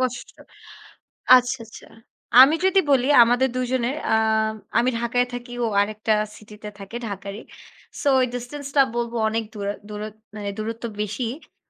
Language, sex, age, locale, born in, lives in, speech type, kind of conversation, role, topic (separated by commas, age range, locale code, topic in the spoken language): Bengali, female, 20-24, bn-BD, Bangladesh, Bangladesh, conversation, unstructured, none, ভালোবাসার সম্পর্কে বিশ্বাস কীভাবে বজায় রাখা যায়?